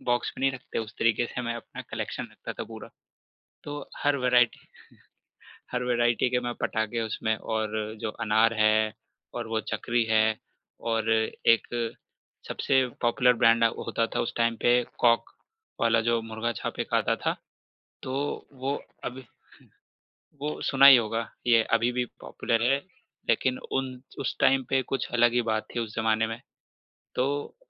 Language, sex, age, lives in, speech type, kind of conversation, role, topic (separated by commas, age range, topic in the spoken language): Hindi, male, 25-29, India, conversation, podcast, guest, Kya tumhari koi tyohaar ki aisi yaad hai jo aaj bhi dil chhoo jaati hai?